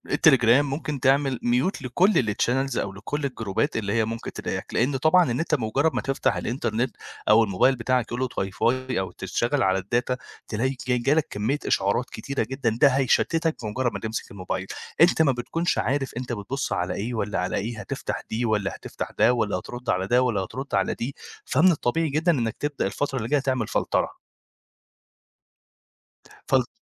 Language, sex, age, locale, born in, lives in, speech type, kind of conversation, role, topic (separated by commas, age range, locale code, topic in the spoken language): Arabic, male, 25-29, ar-EG, Egypt, Egypt, conversation, advice, advisor, إزاي أقدر أقلّل تشتتي في الشغل بسبب إشعارات الموبايل؟